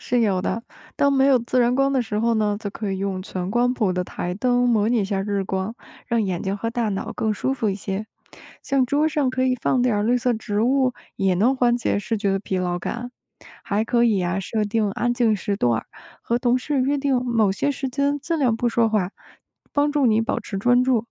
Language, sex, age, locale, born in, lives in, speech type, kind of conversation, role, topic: Chinese, female, 30-34, zh-CN, China, United States, read, expert-assistant, expert, 广播编辑如何高效保持专注与不易疲劳？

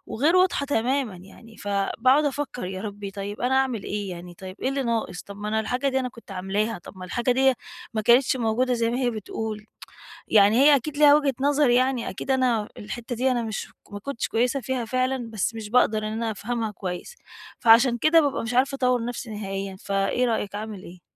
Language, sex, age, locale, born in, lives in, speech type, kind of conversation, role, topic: Arabic, female, 40-44, ar-EG, Egypt, Portugal, conversation, advice, user, كيف أطلب توضيحًا لملاحظات كانت مش واضحة بالنسبالي؟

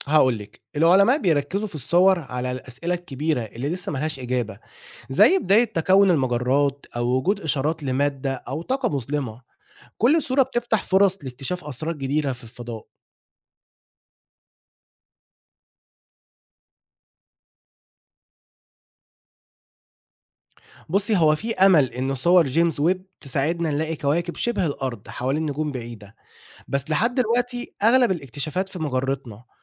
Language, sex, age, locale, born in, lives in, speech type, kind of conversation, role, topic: Arabic, male, 20-24, ar-EG, Egypt, Egypt, read, expert-assistant, expert, إيه معنى 'المادة المظلمة' في الكون؟ وإزاي العلماء عرفوا بوجودها؟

